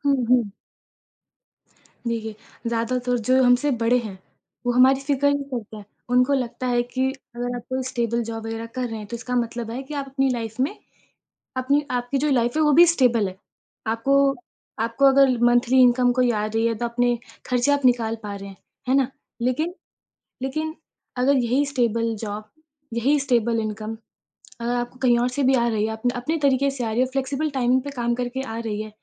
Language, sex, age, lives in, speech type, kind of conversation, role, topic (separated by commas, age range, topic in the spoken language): Hindi, female, 25-29, India, conversation, podcast, guest, Passion follow karna aur stable naukri karna—tumhara rule kya hai?